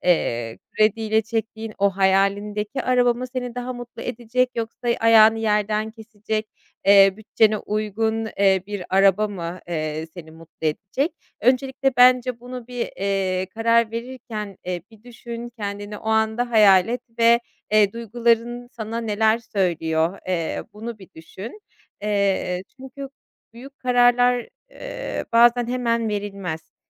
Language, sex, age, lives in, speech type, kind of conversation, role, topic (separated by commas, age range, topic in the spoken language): Turkish, female, 30-34, Germany, conversation, advice, advisor, Büyük kararlar verirken yaşadığınız aşırı kaygı ve kararsızlığı nasıl tarif edersiniz?